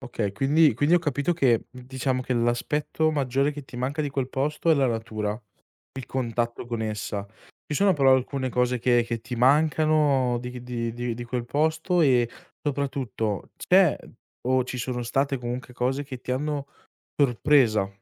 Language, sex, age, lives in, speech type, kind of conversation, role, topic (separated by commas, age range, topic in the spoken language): Italian, male, 20-24, Italy, conversation, podcast, host, Qual è un luogo in cui torneresti senza pensarci due volte?